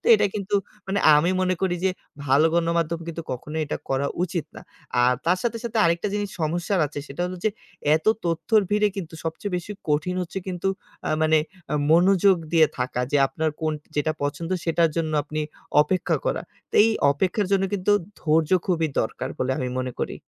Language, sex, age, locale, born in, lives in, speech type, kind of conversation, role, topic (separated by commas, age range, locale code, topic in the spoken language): Bengali, male, 25-29, bn-BD, Bangladesh, Bangladesh, conversation, podcast, guest, আপনি অনলাইনে এত তথ্যের ভিড়ে নিজেকে কেমন অনুভব করেন?